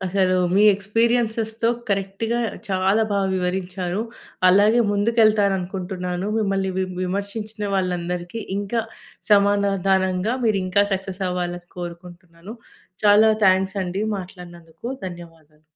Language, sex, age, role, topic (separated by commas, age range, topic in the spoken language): Telugu, female, 30-34, host, సామాజిక ఒత్తిడిని ఎదుర్కోవడానికి మీరు ఏమి సలహా ఇస్తారు?